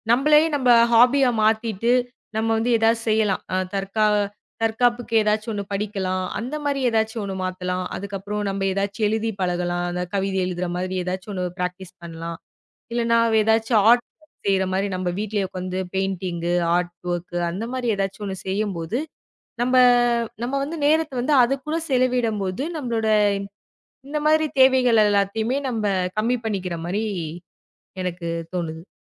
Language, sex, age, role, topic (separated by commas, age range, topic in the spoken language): Tamil, female, 25-29, guest, இணையத்திலும் சமூக ஊடகங்களிலும் வரும் தொல்லையை நீங்கள் எப்படிக் கட்டுப்படுத்துகிறீர்கள்?